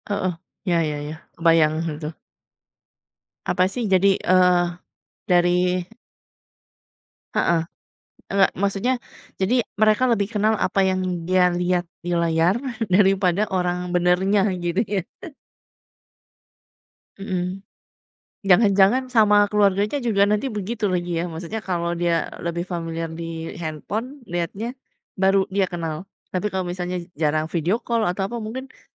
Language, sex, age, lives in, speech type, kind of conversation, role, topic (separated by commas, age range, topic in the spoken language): Indonesian, female, 40-44, Indonesia, conversation, unstructured, none, Apa yang membuat kamu sedih tentang perkembangan teknologi saat ini?